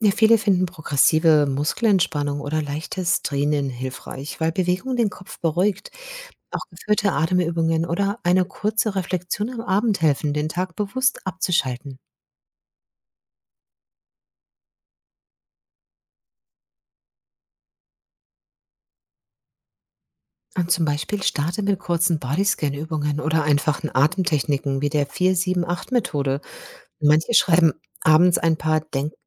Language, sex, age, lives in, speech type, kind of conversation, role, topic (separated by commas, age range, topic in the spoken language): German, female, 50-54, Germany, read, expert-assistant, expert, Wie kann ich im Alltag Schritt für Schritt besser mit Stress umgehen lernen?